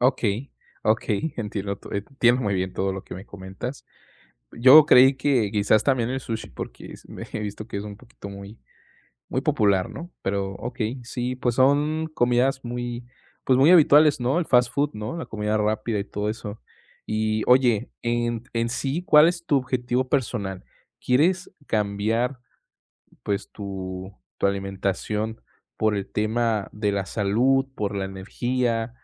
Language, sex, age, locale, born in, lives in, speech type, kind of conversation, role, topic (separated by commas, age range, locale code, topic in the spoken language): Spanish, male, 20-24, es-MX, Mexico, Mexico, conversation, advice, advisor, ¿Cómo puedo empezar a comer más saludable y reducir los alimentos procesados?